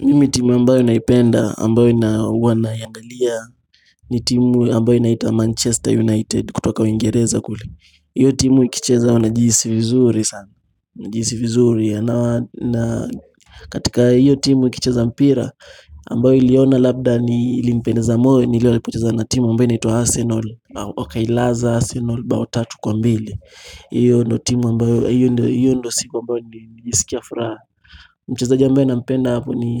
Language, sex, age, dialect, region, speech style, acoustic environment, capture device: Swahili, male, 18-24, Kenya - Mombasa, Kenya, happy; anxious, people talking; music; nature, mobile / Linux / Chrome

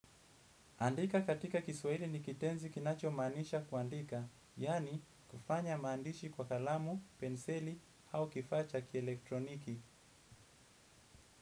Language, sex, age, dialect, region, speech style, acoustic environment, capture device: Swahili, male, 25-34, Kenya - Mombasa, Kenya, anxious; relaxed; excited, silence, desktop / Windows / Edge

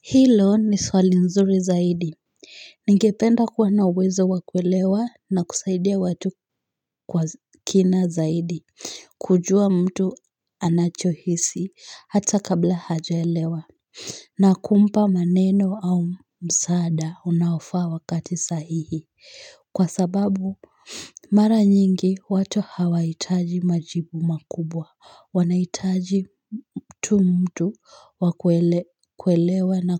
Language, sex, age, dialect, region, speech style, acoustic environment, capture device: Swahili, female, 18-24, Kenya - Nairobi Sheng-influenced, Kenya, excited; focused, silence, mobile / Linux / Chrome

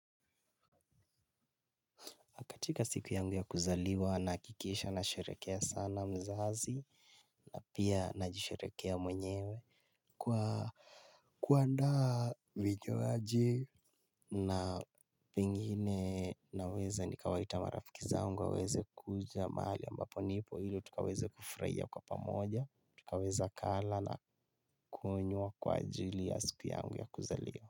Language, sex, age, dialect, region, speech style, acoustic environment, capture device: Swahili, male, 18-24, Kenya - Nairobi Sheng-influenced, Kenya, relaxed, silence, mobile / Linux / Chrome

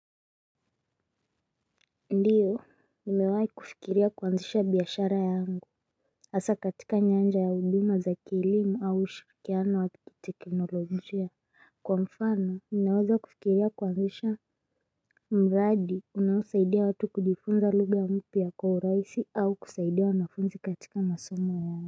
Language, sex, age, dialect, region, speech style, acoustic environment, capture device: Swahili, female, 25-34, Kenya - Mombasa, Kenya, focused, silence, mobile / Linux / Chrome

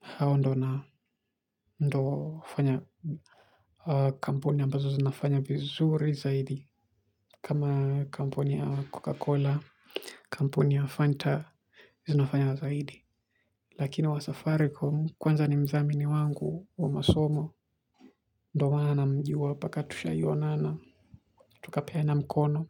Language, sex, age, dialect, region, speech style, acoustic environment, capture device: Swahili, male, 18-24, Kenya - Mombasa, Kenya, focused, silence, mobile / Linux / Chrome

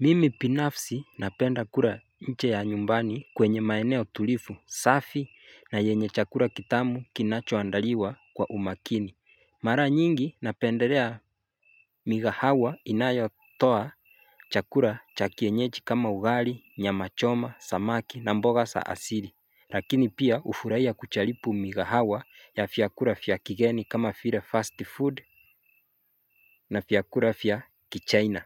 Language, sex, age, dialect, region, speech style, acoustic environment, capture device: Swahili, male, 25-34, Kenya - Mombasa, Kenya, happy, nature, mobile / Linux / Chrome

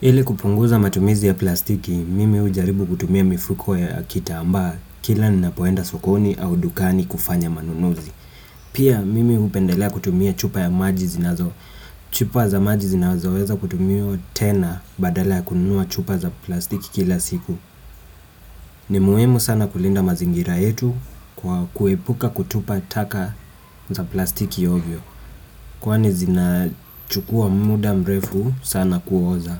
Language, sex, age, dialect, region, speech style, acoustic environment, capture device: Swahili, female, 35-44, Kenya - Nairobi Sheng-influenced, Kenya, nervous, appliances, desktop / Windows / Chrome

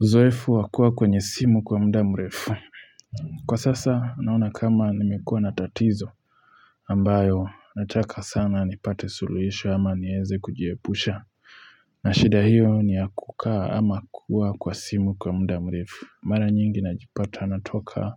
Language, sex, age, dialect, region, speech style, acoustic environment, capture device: Swahili, male, 25-34, Kenya - Nairobi Sheng-influenced, Kenya, relaxed, silence, mobile / Linux / Chrome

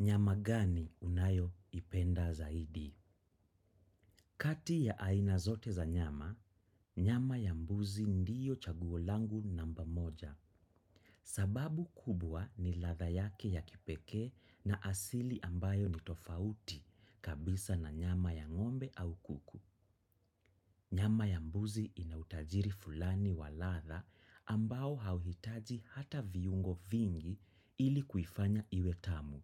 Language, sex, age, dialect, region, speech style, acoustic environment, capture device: Swahili, male, 25-34, Kenya - Nairobi Sheng-influenced, Kenya, anxious; nervous; focused; stressed, silence, desktop / macOS / Chrome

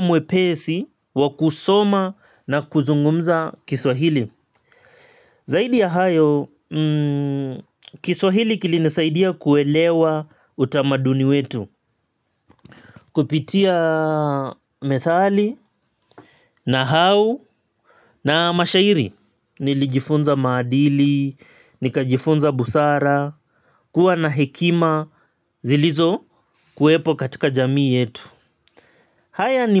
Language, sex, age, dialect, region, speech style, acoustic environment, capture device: Swahili, male, 25-34, Kenya - Mombasa, Kenya, relaxed, nature; appliances, mobile / Linux / Chrome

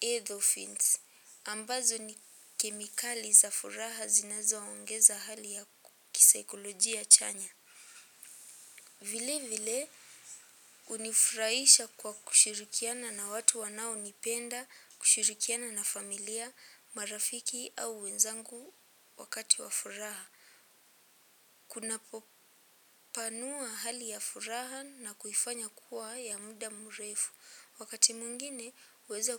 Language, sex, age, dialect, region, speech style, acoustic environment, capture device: Swahili, female, 18-24, Kenya - Nairobi Sheng-influenced, Kenya, happy; relaxed, silence, mobile / Linux / Chrome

